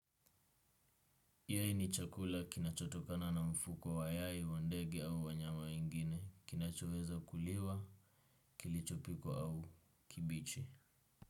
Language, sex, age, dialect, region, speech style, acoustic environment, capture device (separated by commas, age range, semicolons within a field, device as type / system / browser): Swahili, male, 18-24, Kenya - Nairobi Sheng-influenced, Kenya, relaxed, nature, desktop / Windows / Chrome